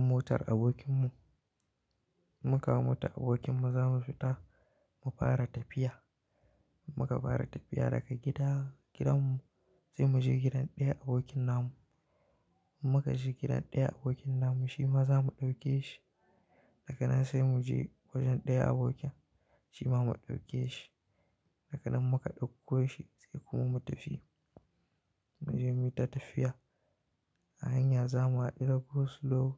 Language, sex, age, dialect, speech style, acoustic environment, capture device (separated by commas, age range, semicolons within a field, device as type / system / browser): Hausa, male, 18-24, Nigeria - Kano, happy, silence, mobile / Linux / Chrome